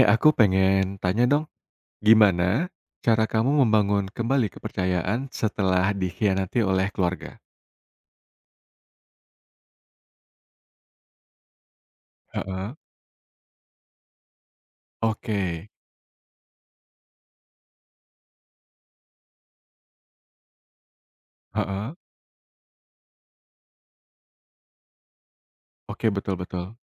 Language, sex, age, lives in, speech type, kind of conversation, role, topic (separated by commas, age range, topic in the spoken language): Indonesian, male, 35-39, Indonesia, conversation, podcast, host, Bagaimana cara membangun kembali kepercayaan setelah dikhianati oleh keluarga?